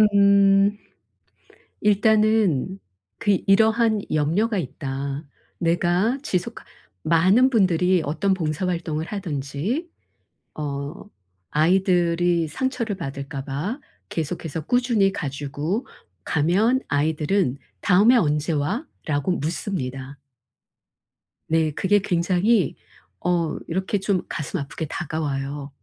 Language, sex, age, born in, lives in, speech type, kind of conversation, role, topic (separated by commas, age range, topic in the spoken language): Korean, female, 55-59, South Korea, South Korea, conversation, advice, advisor, 바쁜 생활 속에서도 의미 있는 봉사나 참여를 어떻게 꾸준히 이어갈 수 있을까요?